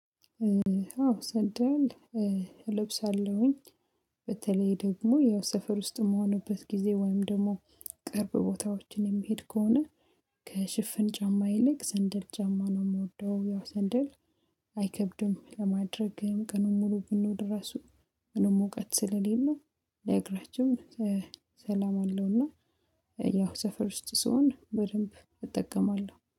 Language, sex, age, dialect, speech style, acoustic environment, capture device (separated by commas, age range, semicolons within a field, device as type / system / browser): Amharic, female, 25-34, Ethiopia - Addis Ababa, excited, silence, mobile / Linux / Chrome